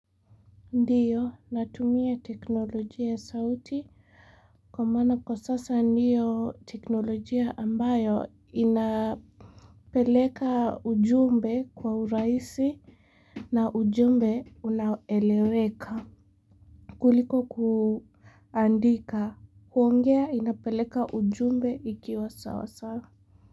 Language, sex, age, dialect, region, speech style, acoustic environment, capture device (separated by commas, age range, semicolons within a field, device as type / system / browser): Swahili, female, 18-24, Kenya - Mombasa, Kenya, relaxed; focused, nature, mobile / Linux / Chrome